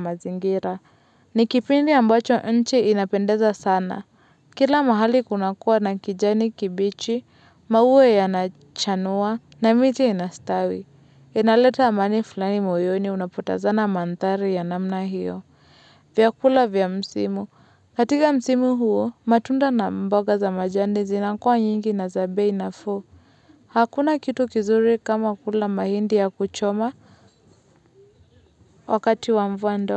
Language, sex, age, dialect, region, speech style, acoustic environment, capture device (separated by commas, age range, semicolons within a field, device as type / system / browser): Swahili, female, 25-34, Kenya - Nairobi Sheng-influenced, Kenya, relaxed, nature, mobile / Linux / Chrome